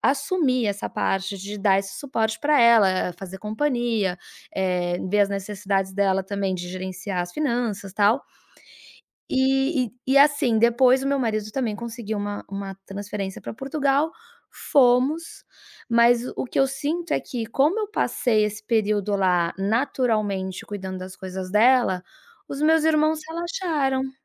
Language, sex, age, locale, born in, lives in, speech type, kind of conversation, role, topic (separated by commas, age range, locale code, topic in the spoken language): Portuguese, female, 40-44, pt-BR, Brazil, United States, conversation, advice, user, Como lidar com a pressão para assumir o papel de cuidador da família?